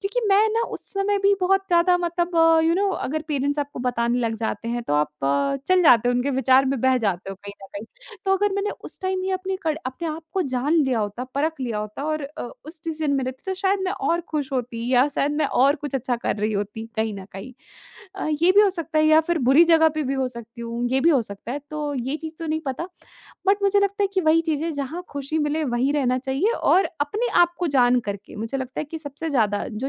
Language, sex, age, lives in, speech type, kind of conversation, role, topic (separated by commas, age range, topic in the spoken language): Hindi, female, 20-24, India, conversation, podcast, guest, जब आपको अपनी सोच बदलनी पड़ती है, तो आप उस डर को कैसे संभालते हैं?